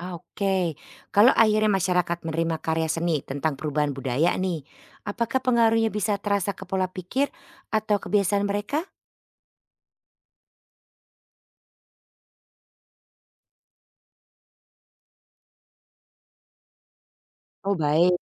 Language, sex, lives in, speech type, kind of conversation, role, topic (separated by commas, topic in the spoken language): Indonesian, female, Netherlands, read, expert-assistant, asker, Bagaimana peran seni dalam menceritakan perubahan budaya di berbagai negara?